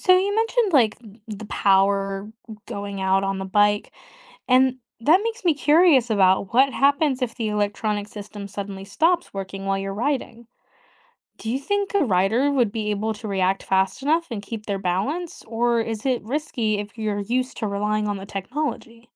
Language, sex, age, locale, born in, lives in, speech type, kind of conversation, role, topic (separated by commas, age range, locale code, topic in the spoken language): English, female, 25-29, en-US, United States, United States, read, expert-assistant, asker, How does a bicycle stay balanced when moving?